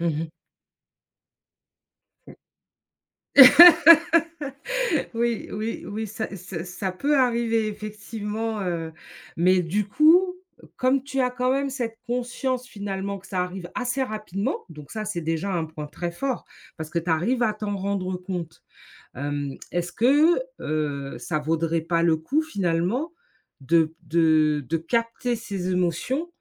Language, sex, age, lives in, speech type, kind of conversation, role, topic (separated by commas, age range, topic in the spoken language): French, female, 45-49, United States, conversation, advice, advisor, Comment faites-vous pour éviter les conflits malgré des ressentis très forts ?